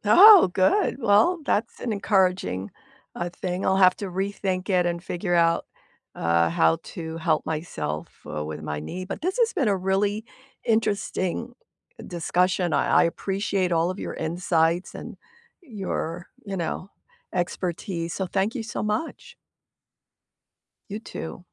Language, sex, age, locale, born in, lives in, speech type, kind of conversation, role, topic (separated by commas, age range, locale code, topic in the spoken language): English, female, 70-74, en-US, United States, United States, conversation, unstructured, none, What is the best way to start a workout routine?